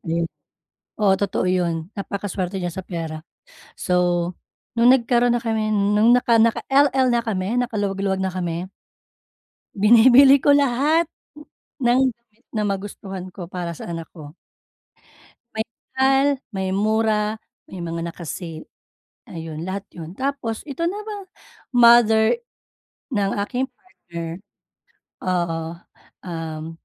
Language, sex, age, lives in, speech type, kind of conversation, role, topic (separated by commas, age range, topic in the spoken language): Filipino, female, 35-39, Philippines, conversation, advice, user, Paano mo masusukat kung kailan ka dapat makinig sa opinyon ng iba at kailan ka dapat magtiwala sa sarili mong pananaw?